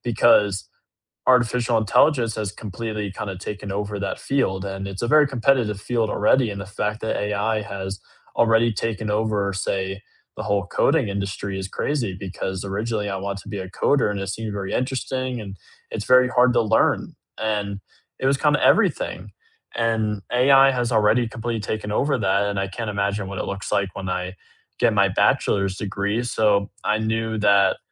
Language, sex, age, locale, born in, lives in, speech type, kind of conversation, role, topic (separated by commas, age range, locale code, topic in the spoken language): English, male, 18-19, en-US, United States, United States, conversation, unstructured, none, How has technology changed the way people learn?